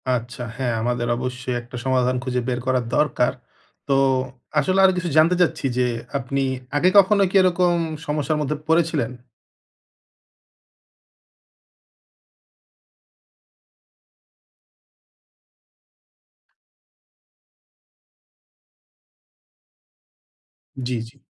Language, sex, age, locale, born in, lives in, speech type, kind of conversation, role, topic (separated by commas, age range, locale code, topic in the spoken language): Bengali, male, 30-34, bn-BD, Bangladesh, Bangladesh, conversation, advice, advisor, মুড বা অনুপ্রেরণার ওঠানামা হলে আমি কীভাবে আমার অভ্যাস ধরে রাখতে পারি?